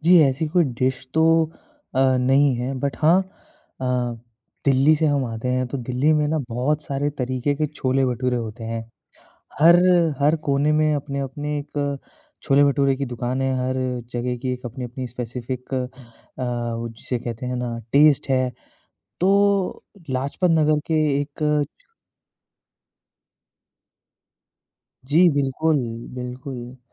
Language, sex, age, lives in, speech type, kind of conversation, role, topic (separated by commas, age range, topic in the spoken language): Hindi, male, 25-29, India, conversation, podcast, guest, Comfort food se tumhara jazbaati rishta kaisa hai?